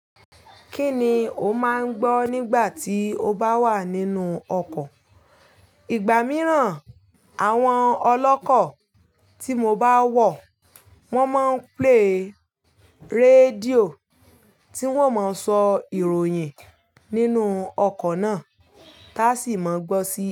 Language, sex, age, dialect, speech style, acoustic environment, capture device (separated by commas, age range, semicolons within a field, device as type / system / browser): Yoruba, female, 25-34, Nigeria - Ibadan, focused, nature, mobile / Linux / Chrome